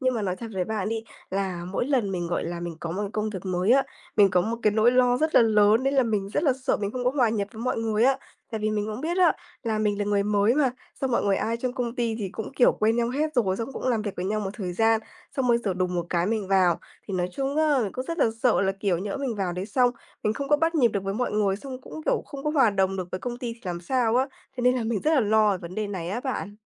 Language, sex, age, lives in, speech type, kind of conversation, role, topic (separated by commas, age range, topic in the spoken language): Vietnamese, female, 20-24, Vietnam, conversation, advice, user, Làm thế nào để tôi bớt lo lắng và hòa nhập tốt hơn khi bắt đầu công việc mới?